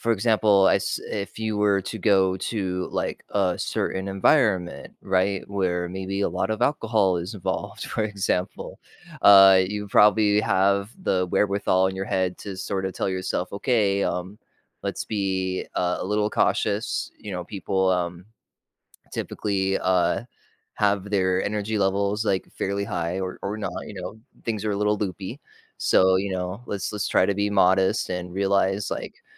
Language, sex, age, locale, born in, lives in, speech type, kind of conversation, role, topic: English, male, 35-39, en-US, United States, United States, conversation, unstructured, none, Why do minor disagreements sometimes turn into major conflicts?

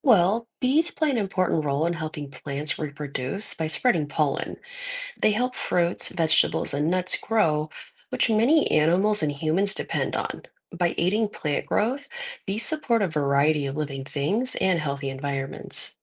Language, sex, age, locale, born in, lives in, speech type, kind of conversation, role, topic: English, female, 30-34, en-US, United States, United States, read, expert-assistant, expert, What role do bees play in our ecosystem?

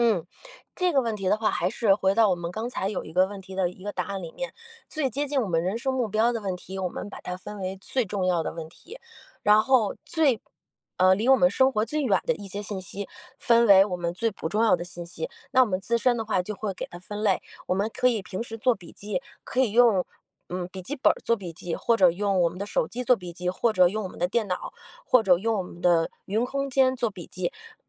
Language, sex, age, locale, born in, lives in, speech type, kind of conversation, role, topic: Chinese, female, 40-44, zh-CN, China, United States, conversation, podcast, guest, 你有哪些整理知识库的实用技巧？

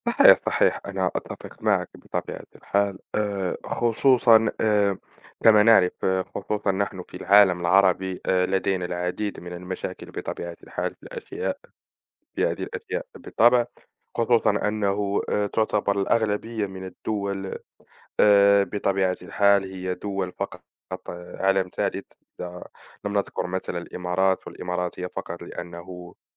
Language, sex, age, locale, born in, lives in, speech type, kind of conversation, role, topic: Arabic, male, 20-24, ar-MA, Morocco, France, conversation, unstructured, none, إزاي الحكومة تقدر تحسّن حياة المواطنين؟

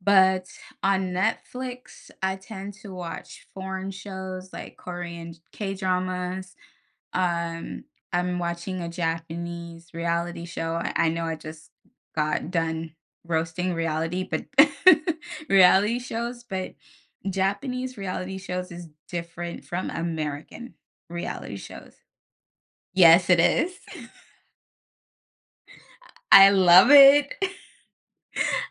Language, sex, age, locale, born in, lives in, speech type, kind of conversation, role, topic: English, female, 30-34, en-US, United States, United States, conversation, podcast, guest, How have advances in technology and changing viewer habits shaped the way we watch television today?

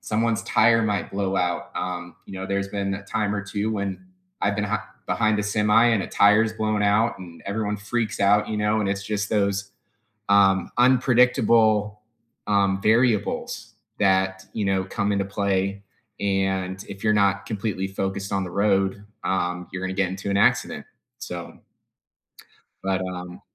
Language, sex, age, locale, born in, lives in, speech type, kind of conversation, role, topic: English, male, 35-39, en-US, United States, United States, conversation, unstructured, none, Why do people get so upset about texting while driving?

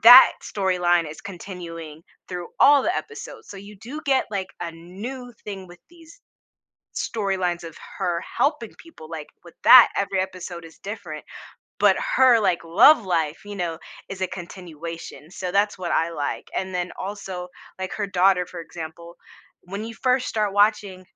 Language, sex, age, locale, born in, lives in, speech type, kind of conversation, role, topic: English, female, 25-29, en-US, United States, United States, conversation, podcast, guest, What draws people in and keeps them watching episode after episode of a TV show?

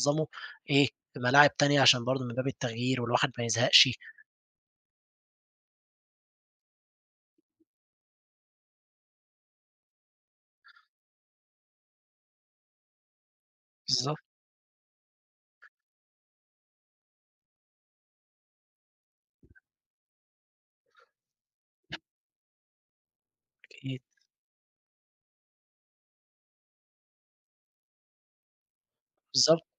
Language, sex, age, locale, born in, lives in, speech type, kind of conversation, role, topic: Arabic, male, 20-24, ar-EG, Egypt, Egypt, conversation, podcast, guest, إزاي بتنظّم وقتك عشان تبقى منتج في هواياتك؟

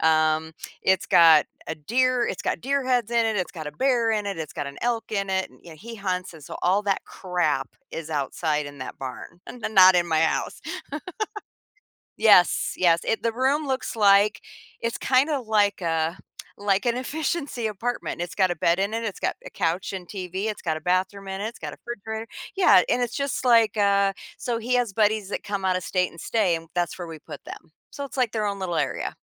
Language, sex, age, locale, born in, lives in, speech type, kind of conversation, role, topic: English, female, 55-59, en-US, United States, United States, conversation, podcast, guest, How have your travels pushed you out of your comfort zone?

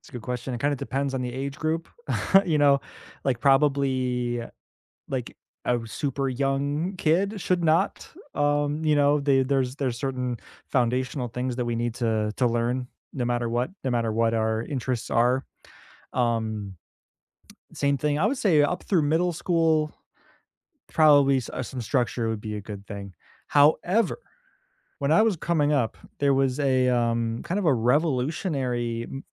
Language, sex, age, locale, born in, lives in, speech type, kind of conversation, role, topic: English, male, 30-34, en-US, United States, United States, conversation, unstructured, none, Should students have more say in what they learn?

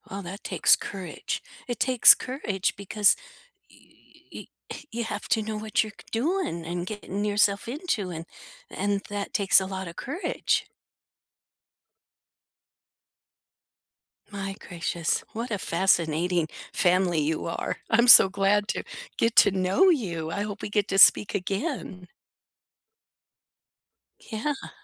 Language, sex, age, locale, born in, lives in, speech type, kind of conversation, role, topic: English, female, 70-74, en-US, United States, United States, conversation, unstructured, none, Which class project will you never forget, and what did it teach you about learning, teamwork, or yourself?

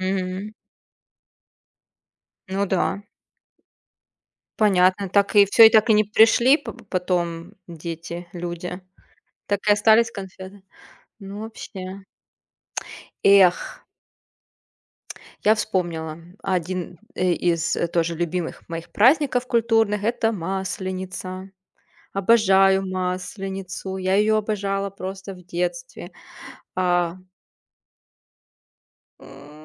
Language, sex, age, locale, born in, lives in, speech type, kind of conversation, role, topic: Russian, female, 30-34, ru-RU, Russia, Germany, conversation, unstructured, none, Как культурные праздники объединяют людей?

